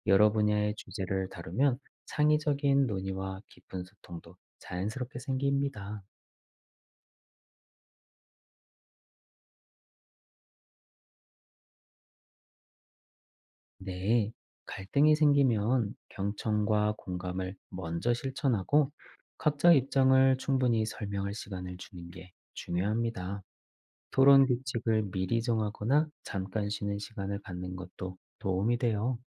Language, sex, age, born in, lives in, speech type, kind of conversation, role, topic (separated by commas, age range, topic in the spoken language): Korean, male, 40-44, South Korea, Germany, read, expert-assistant, expert, 요즘 미디어가 우리 생각방식에 어떤 영향을 주고 있다고 느끼나요?